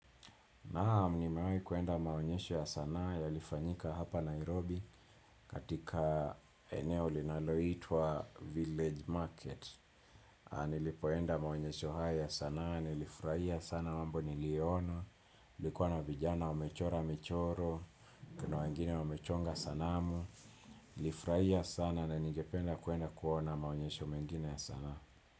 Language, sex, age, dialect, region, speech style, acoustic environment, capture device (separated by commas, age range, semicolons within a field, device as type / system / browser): Swahili, male, 25-34, Kenya - Nairobi Sheng-influenced, Kenya, relaxed, silence, desktop / Windows / Chrome